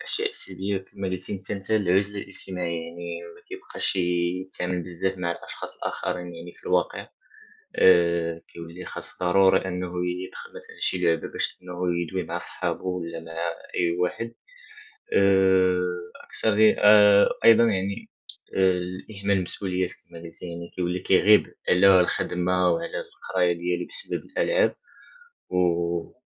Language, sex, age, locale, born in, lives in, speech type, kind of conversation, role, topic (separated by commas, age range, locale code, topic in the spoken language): Arabic, male, 18-19, ar-MA, Morocco, France, conversation, unstructured, none, إيه رأيك في تأثير الألعاب الإلكترونية على الشباب؟